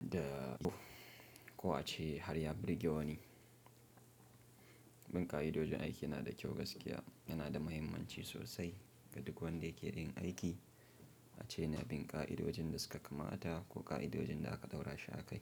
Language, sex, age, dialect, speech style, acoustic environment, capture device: Hausa, male, 25-34, Nigeria - Nigerian Standard, happy; relaxed; focused, nature; silence, mobile / Linux / Chrome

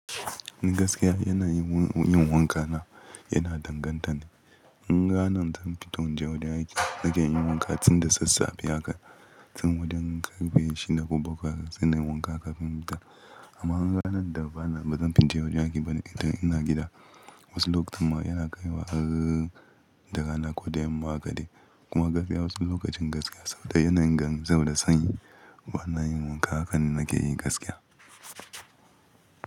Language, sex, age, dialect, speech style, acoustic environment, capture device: Hausa, male, 25-34, Nigeria - Ibadan, relaxed, silence, mobile / Linux / Chrome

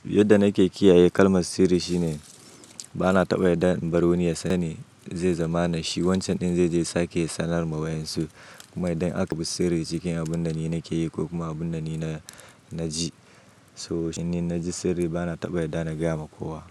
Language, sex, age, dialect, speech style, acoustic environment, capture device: Hausa, male, 25-34, Nigeria - Nigerian Standard, happy; anxious, nature; silence, mobile / macOS / Safari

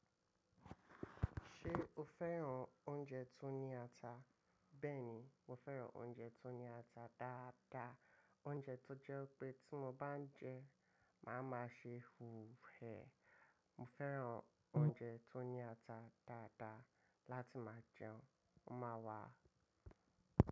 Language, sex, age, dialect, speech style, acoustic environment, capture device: Yoruba, male, 25-34, Nigeria - Lagos Yoruba, relaxed, nature, mobile / macOS / Safari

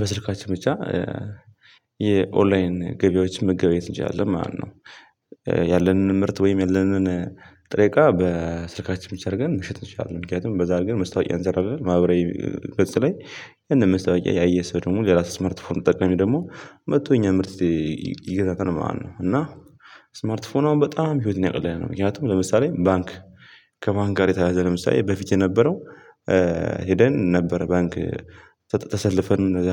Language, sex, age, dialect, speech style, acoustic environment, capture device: Amharic, male, 18-24, Ethiopia - Gondar, happy; nervous; tired; anxious, people talking; music; nature, mobile / Linux / Chrome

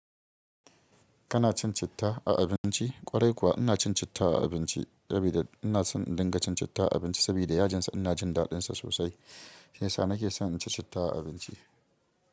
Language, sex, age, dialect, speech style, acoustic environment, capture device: Hausa, female, 25-34, Nigeria - Kano, excited; happy, silence, mobile / Linux / Chrome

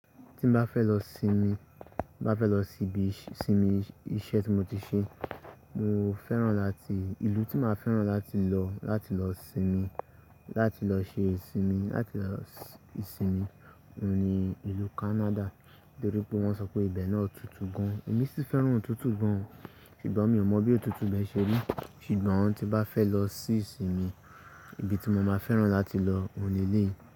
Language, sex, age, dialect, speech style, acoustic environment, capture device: Yoruba, male, 18-24, Nigeria - Ibadan, anxious, nature; silence, mobile / Linux / Chrome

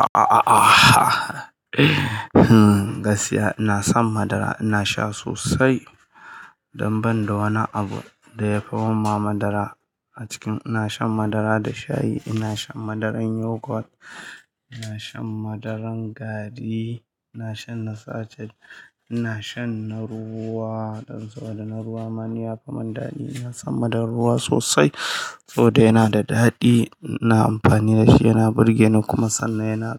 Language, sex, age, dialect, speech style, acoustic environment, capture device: Hausa, male, 25-34, Nigeria - Kano, happy; excited, people talking; appliances, mobile / Linux / Chrome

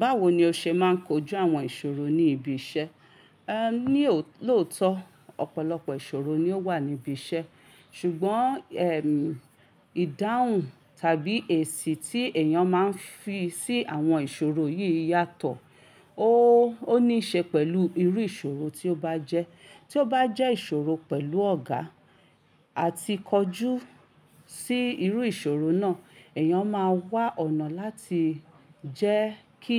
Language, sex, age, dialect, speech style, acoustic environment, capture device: Yoruba, female, 35-44, Nigeria - Ibadan, relaxed, silence, mobile / Linux / Chrome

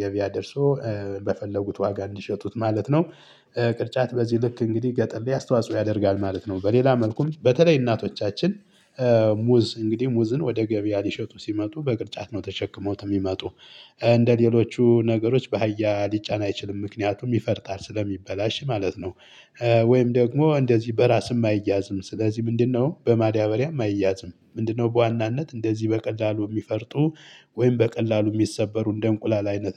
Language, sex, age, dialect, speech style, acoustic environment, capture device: Amharic, male, 35-44, Ethiopia - Gojjam, happy, people talking, mobile / Linux / Chrome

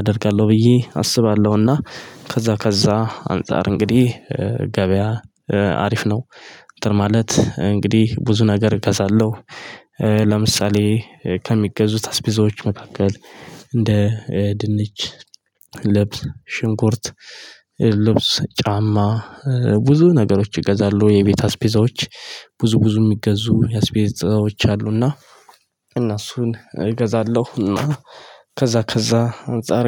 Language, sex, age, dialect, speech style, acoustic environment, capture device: Amharic, male, 25-34, Ethiopia - Addis Ababa, happy, nature, mobile / Linux / Chrome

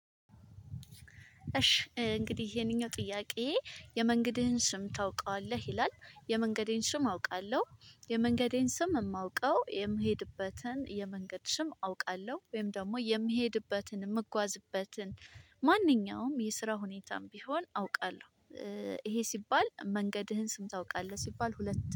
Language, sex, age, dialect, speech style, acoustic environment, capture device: Amharic, female, 25-34, Ethiopia - Addis Ababa, relaxed; stressed; focused; happy, people talking, mobile / Linux / Chrome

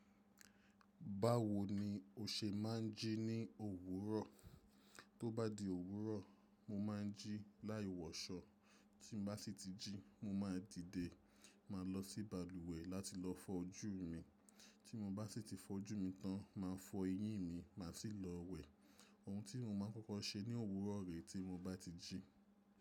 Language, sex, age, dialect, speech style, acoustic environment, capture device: Yoruba, male, 25-34, Nigeria - Nigerian Standard, relaxed, silence, mobile / macOS / Safari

